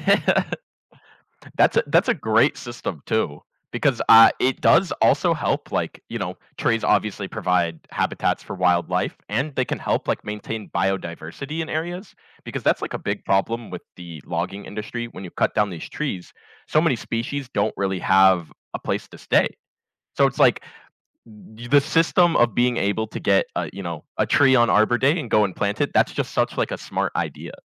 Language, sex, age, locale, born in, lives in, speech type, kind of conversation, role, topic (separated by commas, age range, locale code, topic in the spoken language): English, male, 20-24, en-US, United States, United States, conversation, podcast, guest, Why are trees essential for a healthy environment?